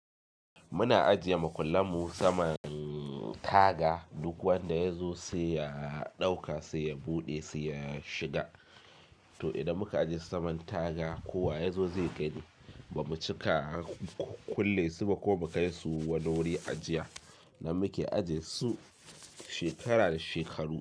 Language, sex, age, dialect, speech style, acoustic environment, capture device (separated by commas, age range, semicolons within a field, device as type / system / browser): Hausa, male, 25-34, Nigeria - Kano, happy, silence, mobile / Linux / Chrome